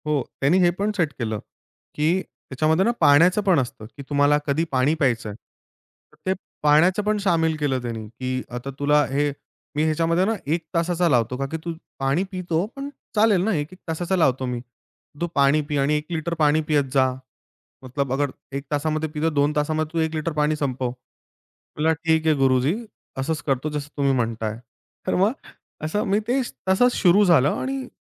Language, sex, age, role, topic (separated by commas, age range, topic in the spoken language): Marathi, male, 25-29, guest, तुमच्या रोजच्या दिनक्रमात चालणे किंवा हलकी हालचाल कशी बसवता?